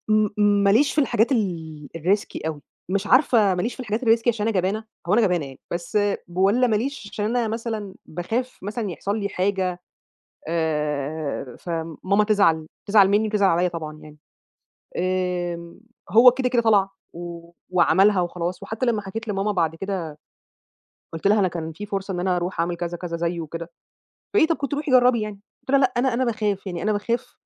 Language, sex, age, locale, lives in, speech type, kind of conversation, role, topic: Arabic, female, 30-34, ar-EG, Egypt, conversation, advice, user, إزاي أتعامل مع ضغط الصحاب اللي بيخلّيني أشرب كحول أو أعمل حاجات خطيرة؟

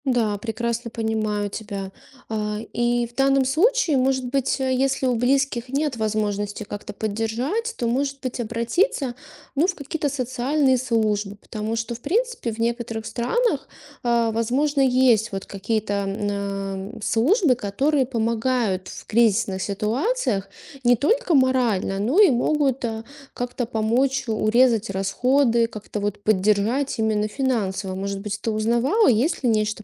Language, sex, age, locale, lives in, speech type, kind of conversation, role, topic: Russian, female, 35-39, ru-RU, Estonia, conversation, advice, advisor, Как справиться с внезапными финансовыми трудностями и вынужденным сокращением уровня жизни?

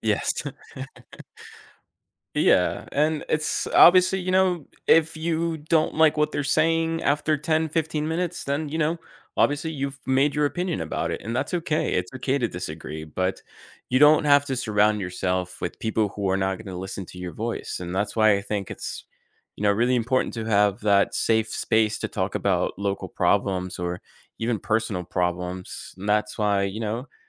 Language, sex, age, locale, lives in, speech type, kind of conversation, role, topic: English, male, 30-34, en-US, United States, conversation, podcast, guest, What helps communities come together to make a positive impact?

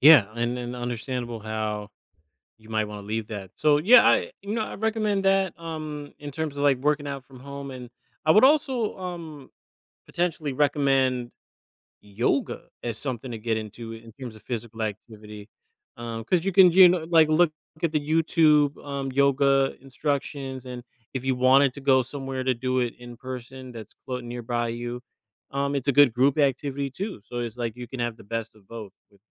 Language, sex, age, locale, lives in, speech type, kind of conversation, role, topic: English, male, 35-39, en-US, United States, conversation, advice, advisor, How can I start a new hobby?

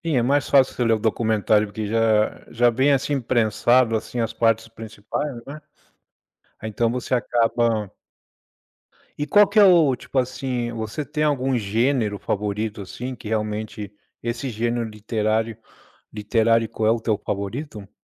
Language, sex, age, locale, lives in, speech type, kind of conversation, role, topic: Portuguese, male, 40-44, pt-BR, United States, conversation, podcast, host, Como você escolhe os livros que lê?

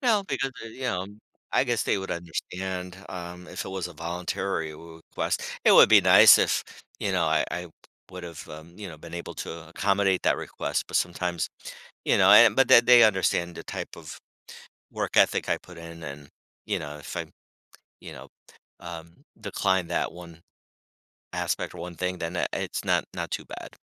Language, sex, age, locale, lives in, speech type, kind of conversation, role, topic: English, male, 55-59, en-US, United States, conversation, podcast, guest, How do your values and experiences shape your idea of success?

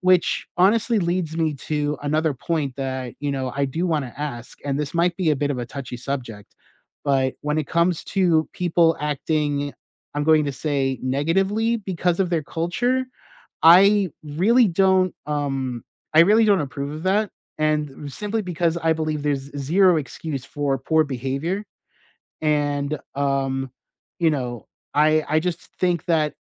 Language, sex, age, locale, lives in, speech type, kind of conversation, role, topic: English, male, 35-39, en-US, United States, conversation, unstructured, none, Is it fair to expect people to act a certain way because of their background?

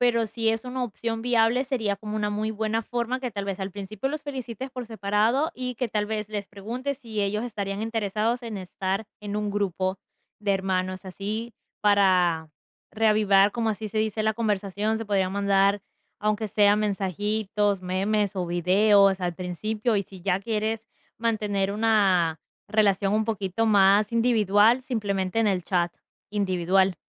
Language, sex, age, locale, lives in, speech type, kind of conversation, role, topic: Spanish, female, 20-24, es-EC, United States, conversation, advice, advisor, ¿Cómo puedo mejorar la comunicación con mis hermanos adultos?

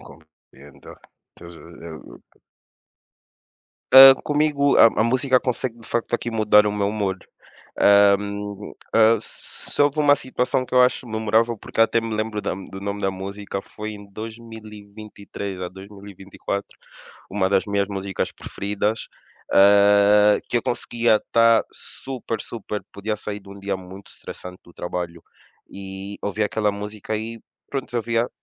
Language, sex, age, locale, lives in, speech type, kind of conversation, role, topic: Portuguese, male, 25-29, pt-PT, Portugal, conversation, unstructured, none, Qual foi a última música que realmente te tocou?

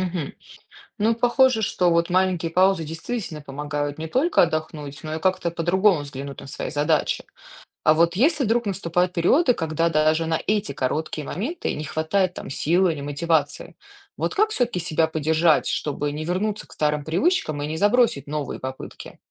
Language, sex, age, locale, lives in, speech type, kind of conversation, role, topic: Russian, female, 35-39, ru-RU, United States, read, expert-assistant, asker, Как научиться расставлять приоритеты между работой, здоровьем и личной жизнью?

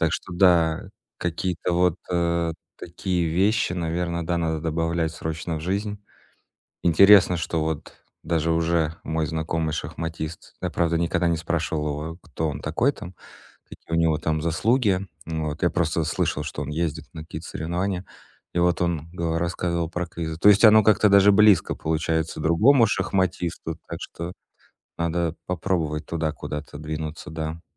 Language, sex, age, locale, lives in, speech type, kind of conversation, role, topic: Russian, male, 30-34, ru-RU, Estonia, conversation, advice, user, Как мне выбрать занятие для досуга и не скучать?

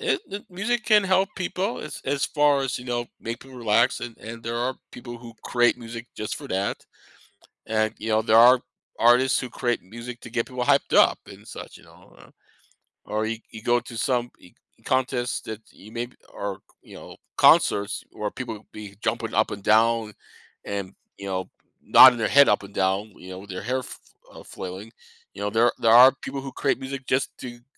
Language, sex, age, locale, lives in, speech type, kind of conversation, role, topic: English, male, 50-54, en-US, United States, conversation, podcast, host, Why does music have such a powerful effect on our feelings and moods?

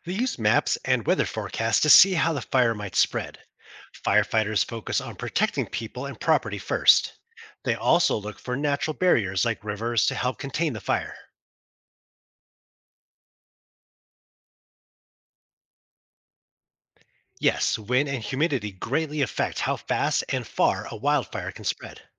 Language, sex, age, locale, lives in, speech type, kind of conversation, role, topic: English, male, 35-39, en-US, United States, read, expert-assistant, expert, How do firefighters put out wildfires?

